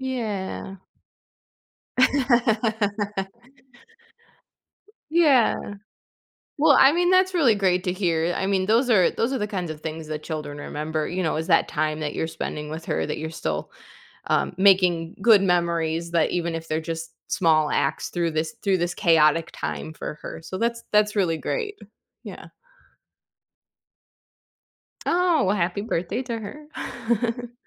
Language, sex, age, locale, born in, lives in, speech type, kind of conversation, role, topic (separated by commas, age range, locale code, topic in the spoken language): English, female, 35-39, en-US, United States, United States, conversation, advice, advisor, How can I reduce stress from my daily responsibilities?